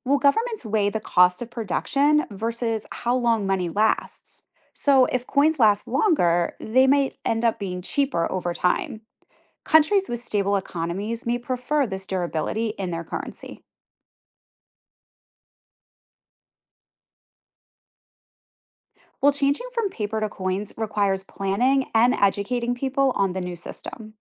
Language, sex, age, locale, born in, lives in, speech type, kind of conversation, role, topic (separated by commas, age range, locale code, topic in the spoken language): English, female, 40-44, en-US, United States, United States, read, expert-assistant, expert, Why do some countries use coins more than paper money?